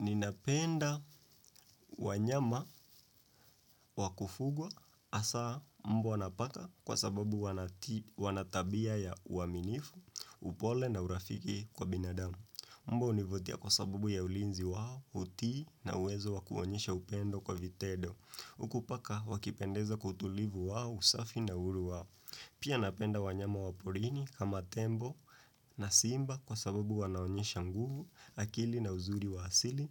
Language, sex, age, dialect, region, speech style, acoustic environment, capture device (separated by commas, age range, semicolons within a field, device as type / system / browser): Swahili, male, 18-24, Kenya - Nairobi Sheng-influenced, Kenya, relaxed, silence, desktop / Windows / Chrome